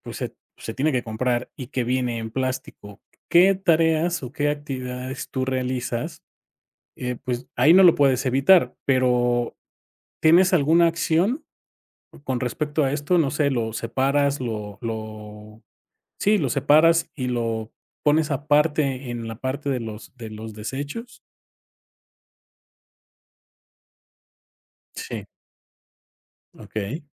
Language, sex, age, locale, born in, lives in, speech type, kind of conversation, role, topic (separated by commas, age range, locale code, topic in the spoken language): Spanish, male, 45-49, es-MX, Mexico, Mexico, conversation, podcast, host, ¿Qué trucos usas para evitar el uso de plástico?